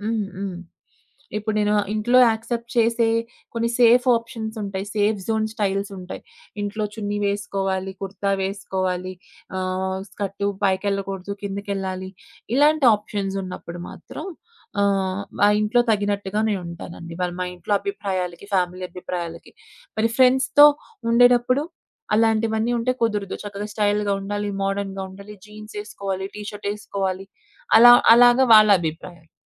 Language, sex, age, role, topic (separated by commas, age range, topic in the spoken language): Telugu, female, 25-29, guest, పక్కన ఉన్న మిత్రులు, కుటుంబ సభ్యుల అభిప్రాయాలు మీపై ఎంతవరకు ప్రభావం చూపించాయి?